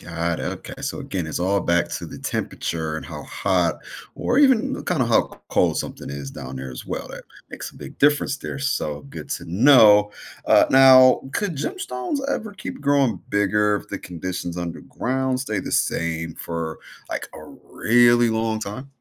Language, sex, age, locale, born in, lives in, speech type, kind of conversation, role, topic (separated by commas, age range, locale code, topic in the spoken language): English, male, 30-34, en-US, United States, United States, read, expert-assistant, asker, What determines a crystal's growth shape?